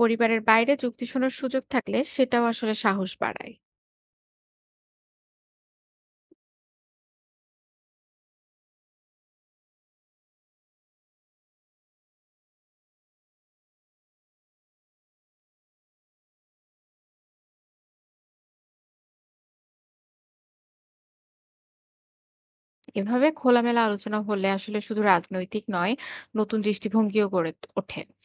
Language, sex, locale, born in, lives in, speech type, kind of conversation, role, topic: Bengali, female, bn-BD, Bangladesh, Bangladesh, read, expert-assistant, expert, ভোট দেওয়ার সময় ‘পরিবারের প্রভাব’ বলতে ঠিক কী বোঝায়?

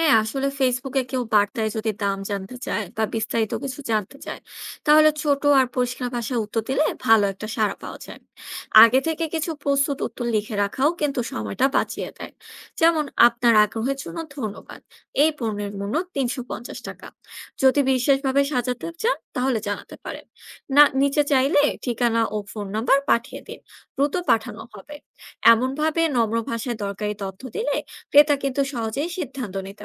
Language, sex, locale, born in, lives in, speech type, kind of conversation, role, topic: Bengali, female, bn-BD, Bangladesh, Bangladesh, read, expert-assistant, expert, বাংলাদেশে কীভাবে অনলাইনে সহজ ভাষায় ব্যবসার ডিজিটাল বিপণন শেখা যায়?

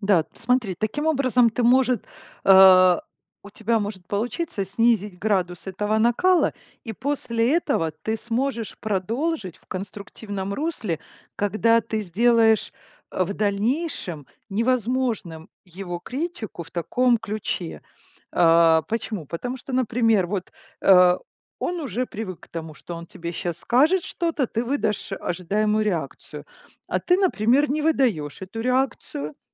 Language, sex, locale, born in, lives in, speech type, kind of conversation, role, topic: Russian, female, ru-RU, Ukraine, Italy, conversation, advice, advisor, Как мне справляться с сильной реакцией на критику, когда хочется защищаться или замыкаться?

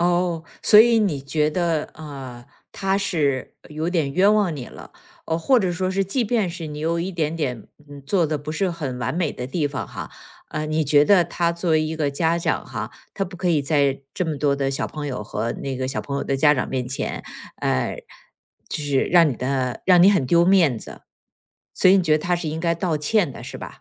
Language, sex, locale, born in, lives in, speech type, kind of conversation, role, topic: Chinese, female, zh-CN, China, United States, conversation, podcast, host, 当父母犯错时，是否应该向孩子道歉？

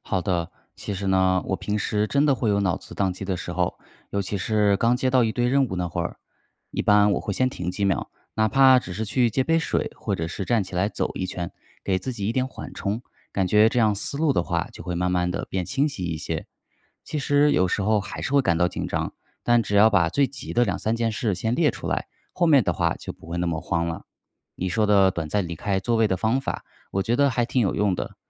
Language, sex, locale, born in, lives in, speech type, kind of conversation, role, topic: Chinese, male, zh-CN, China, United States, read, expert-assistant, asker, 怎样平衡医疗行政工作与家庭生活压力？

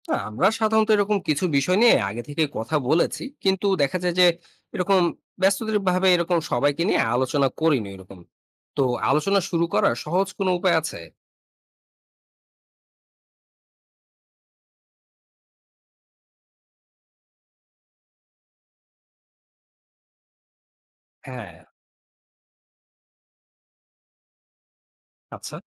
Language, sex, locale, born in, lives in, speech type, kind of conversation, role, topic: Bengali, male, bn-BD, Bangladesh, Bangladesh, read, expert-assistant, asker, পরিবার নিয়ে ভ্রমণের আগে আবহাওয়া জনিত ঝুঁকি কতটা এড়ানো যায়?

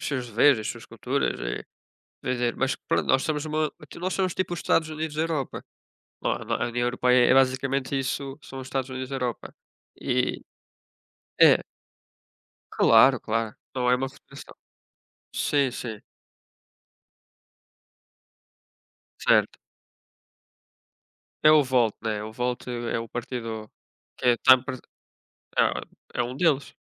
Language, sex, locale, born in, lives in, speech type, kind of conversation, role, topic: Portuguese, male, pt-PT, Portugal, Portugal, conversation, unstructured, none, Como você reage diante de escândalos políticos?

